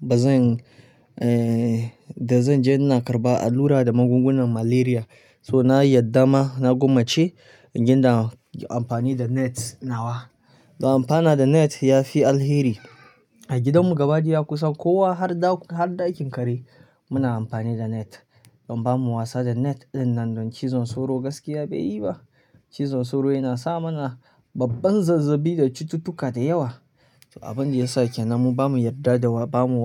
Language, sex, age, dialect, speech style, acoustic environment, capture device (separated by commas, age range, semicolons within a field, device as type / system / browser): Hausa, male, 25-34, Nigeria - Kano, happy; relaxed, silence; nature, mobile / Linux / Chrome